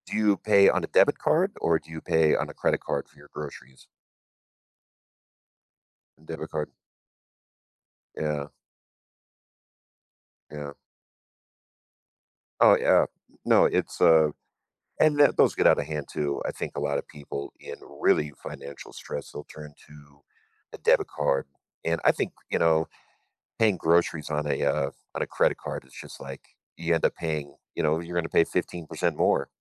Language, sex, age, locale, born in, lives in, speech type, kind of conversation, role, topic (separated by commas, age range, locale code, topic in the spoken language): English, male, 45-49, en-US, United States, United States, conversation, advice, advisor, How can I manage financial stress and feel more in control?